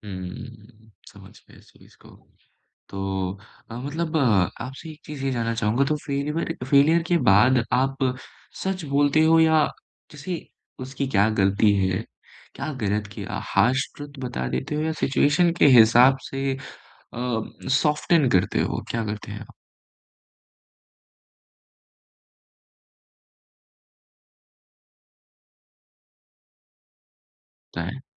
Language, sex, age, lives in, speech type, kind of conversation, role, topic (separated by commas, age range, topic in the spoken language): Hindi, male, 25-29, India, conversation, podcast, host, Jab tumhara mentee fail ho jaaye, toh tum use kya salah dete ho?